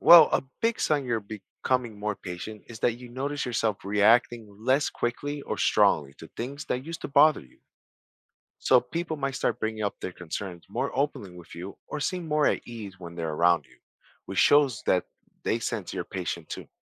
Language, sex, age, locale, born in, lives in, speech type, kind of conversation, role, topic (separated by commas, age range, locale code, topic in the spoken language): English, male, 40-44, en-US, United States, United States, read, expert-assistant, expert, How does practicing patience improve relationships?